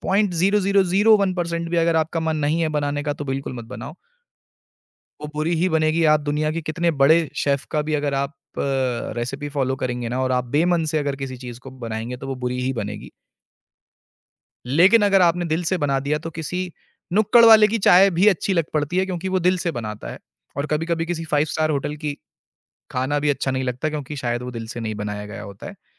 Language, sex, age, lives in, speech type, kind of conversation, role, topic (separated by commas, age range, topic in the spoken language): Hindi, male, 25-29, India, conversation, podcast, guest, Kaunsi dish tum aksar pyaar dikhane ke liye banate ho?